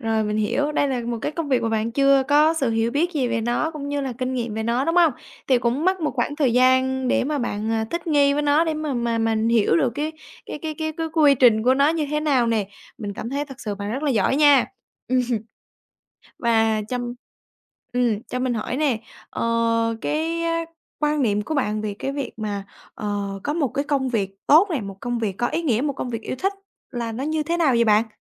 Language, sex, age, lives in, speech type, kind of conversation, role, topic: Vietnamese, female, 20-24, Vietnam, conversation, podcast, host, Bạn đã từng chọn công việc vì tiền hay vì ý nghĩa?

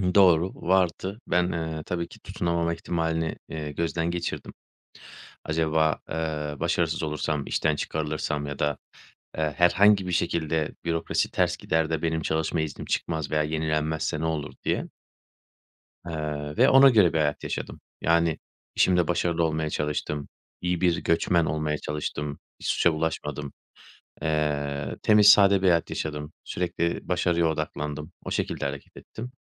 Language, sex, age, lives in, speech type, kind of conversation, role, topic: Turkish, male, 30-34, Bulgaria, conversation, podcast, guest, Yaratıcı risk almayı nasıl öğrenebiliriz?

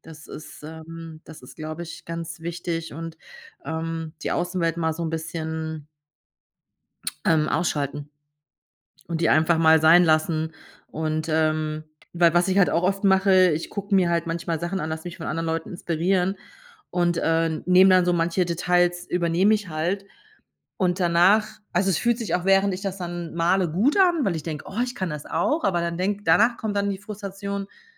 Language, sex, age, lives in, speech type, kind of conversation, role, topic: German, female, 45-49, Germany, conversation, advice, user, Wie erlebst du, dass der Vergleich mit anderen deinen kreativen Fluss blockiert?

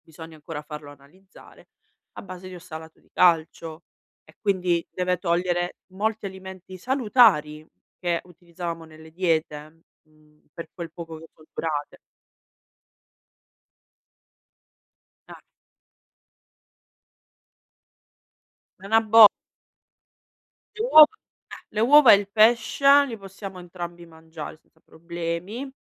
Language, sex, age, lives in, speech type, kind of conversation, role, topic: Italian, female, 35-39, Italy, conversation, advice, user, Come posso capire se sto comprando per un bisogno reale o perché influenzato dalla moda e dai social media?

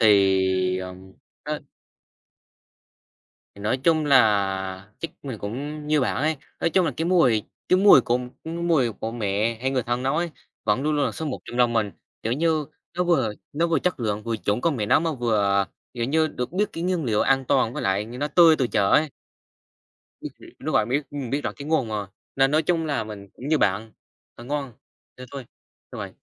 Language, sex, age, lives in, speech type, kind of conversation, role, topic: Vietnamese, male, 18-19, Vietnam, conversation, unstructured, none, Món ăn nào khiến bạn cảm thấy hạnh phúc nhất khi ăn?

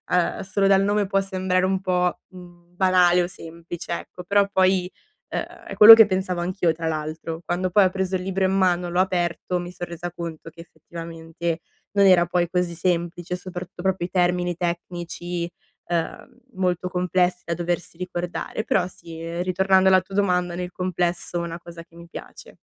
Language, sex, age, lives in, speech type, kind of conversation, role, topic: Italian, female, 20-24, Italy, conversation, advice, user, Come posso gestire la fatica mentale e rimanere concentrato?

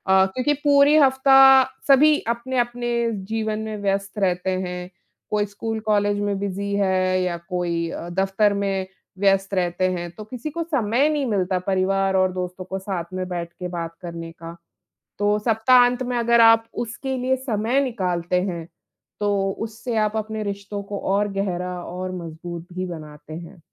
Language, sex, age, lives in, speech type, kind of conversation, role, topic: Hindi, female, 35-39, India, conversation, unstructured, none, आपके लिए आदर्श सप्ताहांत कैसा होता है?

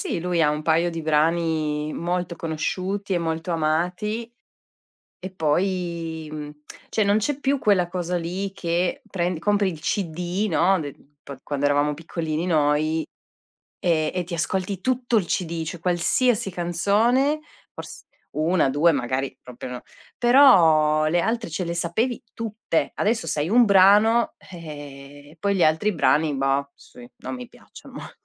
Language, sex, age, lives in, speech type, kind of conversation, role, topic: Italian, female, 35-39, Italy, conversation, podcast, host, Come hai scoperto la musica che ascolti ancora oggi?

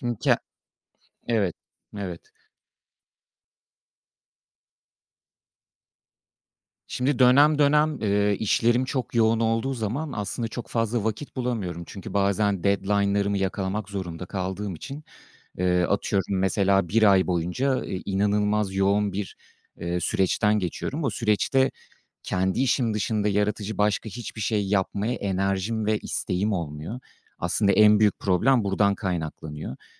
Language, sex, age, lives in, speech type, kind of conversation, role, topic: Turkish, male, 35-39, France, conversation, advice, user, Yaratıcı işlerin ile günlük sorumluluklarını dengelemekte neden zorlanıyorsun?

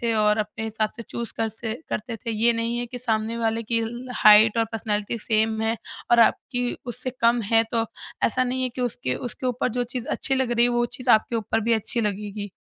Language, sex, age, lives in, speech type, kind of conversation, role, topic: Hindi, male, 30-34, India, conversation, podcast, guest, Social media ne tumhari style ko kaise badla hai?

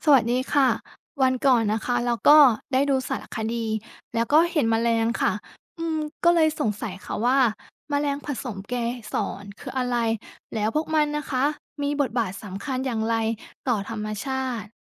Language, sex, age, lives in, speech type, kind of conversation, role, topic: Thai, female, 30-34, Thailand, read, expert-assistant, asker, แมลงผสมเกสรมีบทบาทสำคัญอย่างไรต่อธรรมชาติ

